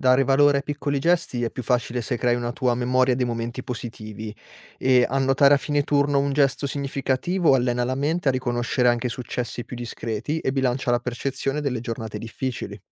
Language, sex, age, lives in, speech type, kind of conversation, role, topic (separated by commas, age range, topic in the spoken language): Italian, male, 25-29, Italy, read, expert-assistant, expert, Cosa posso fare quando sento di non riuscire a dare abbastanza attenzione a ogni paziente durante un turno impegnativo?